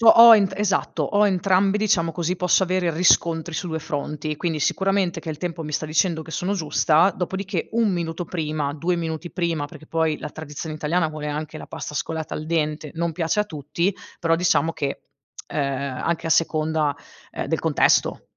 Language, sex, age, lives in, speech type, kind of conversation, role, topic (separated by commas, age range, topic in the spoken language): Italian, female, 35-39, Italy, conversation, podcast, guest, Come insegneresti a qualcuno a cucinare la pasta perfetta?